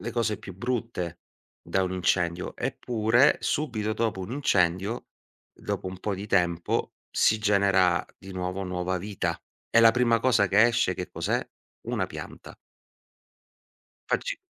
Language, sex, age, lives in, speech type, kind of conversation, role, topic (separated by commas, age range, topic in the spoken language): Italian, male, 40-44, Italy, conversation, podcast, guest, Cosa impari osservando il ciclo di vita delle piante?